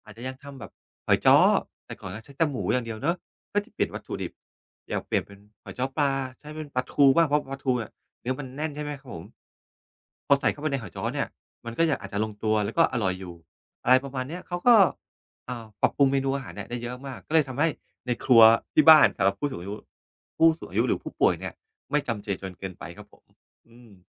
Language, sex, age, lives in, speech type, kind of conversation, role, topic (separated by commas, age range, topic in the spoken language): Thai, male, 45-49, Thailand, conversation, podcast, guest, เวลาทำกับข้าวให้คนป่วย คุณคำนึงถึงอะไรบ้าง?